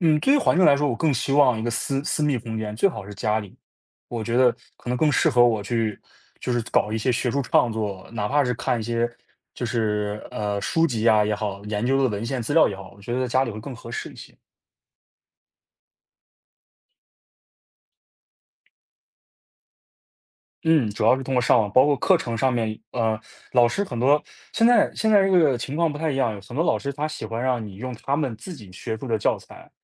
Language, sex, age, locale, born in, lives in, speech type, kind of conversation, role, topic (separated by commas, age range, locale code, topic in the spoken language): Chinese, male, 20-24, zh-CN, China, United States, conversation, podcast, guest, 忙了一天后，你最喜欢用什么方式让自己放空？